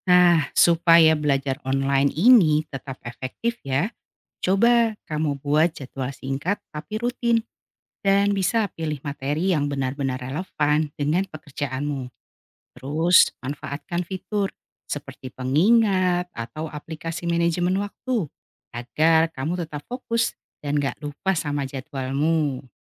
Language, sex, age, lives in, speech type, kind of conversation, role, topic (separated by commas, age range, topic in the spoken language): Indonesian, female, 45-49, Indonesia, read, expert-assistant, expert, Bagaimana cara belajar online efektif untuk pekerja sibuk?